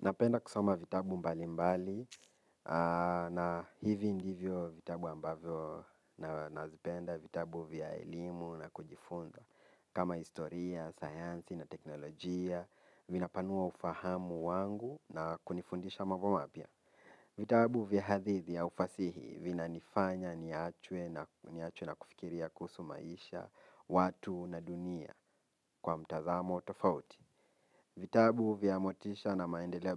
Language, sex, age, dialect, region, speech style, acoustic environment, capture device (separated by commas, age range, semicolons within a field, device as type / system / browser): Swahili, male, 18-24, Kenya - Nairobi Sheng-influenced, Kenya, focused, nature; silence, mobile / Linux / Chrome